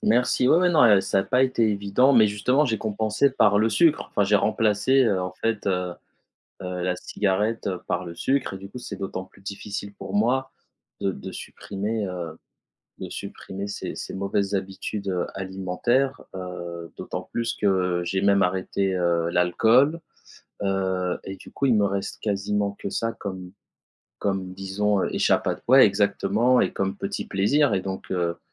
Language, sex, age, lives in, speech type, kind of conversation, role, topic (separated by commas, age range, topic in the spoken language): French, male, 35-39, France, conversation, advice, user, Comment arrêter de manger impulsivement malgré ma volonté de changer mon alimentation ?